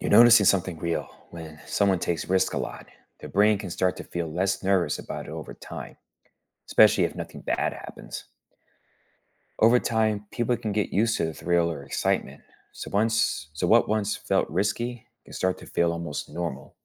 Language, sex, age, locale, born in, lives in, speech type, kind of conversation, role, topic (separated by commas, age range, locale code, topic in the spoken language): English, male, 35-39, en-US, United States, United States, read, expert-assistant, expert, Why do people knowingly take risky actions?